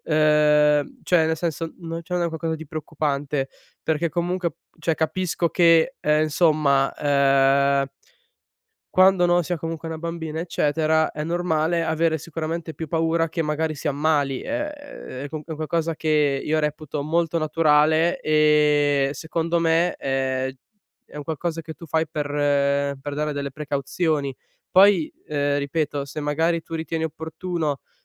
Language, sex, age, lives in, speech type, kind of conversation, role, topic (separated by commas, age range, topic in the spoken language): Italian, male, 20-24, Italy, conversation, advice, advisor, Come posso descrivere e gestire una preoccupazione costante per la salute senza riscontri medici gravi?